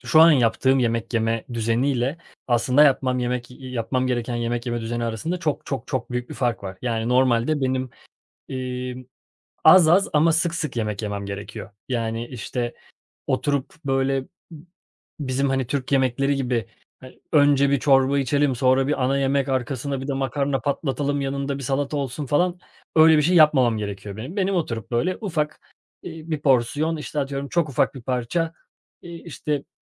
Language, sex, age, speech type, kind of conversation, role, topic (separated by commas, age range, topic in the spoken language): Turkish, male, 30-34, conversation, advice, user, Açlık ve tokluk sinyallerimi nasıl ayırt edebilirim?